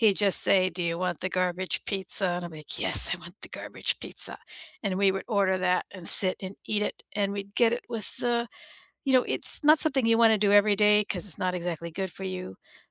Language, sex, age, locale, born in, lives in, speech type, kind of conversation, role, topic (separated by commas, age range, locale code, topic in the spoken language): English, female, 60-64, en-US, United States, United States, conversation, unstructured, none, What are your favorite comfort foods, and what memories do they bring back?